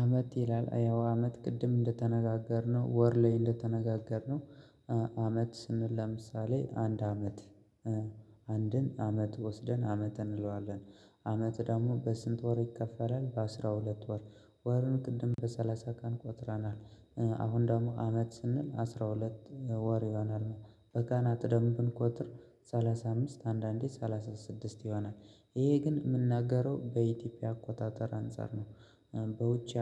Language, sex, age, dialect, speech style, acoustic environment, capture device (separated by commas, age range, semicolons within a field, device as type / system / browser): Amharic, male, 25-34, Ethiopia - Gojjam, happy, silence, mobile / Linux / Chrome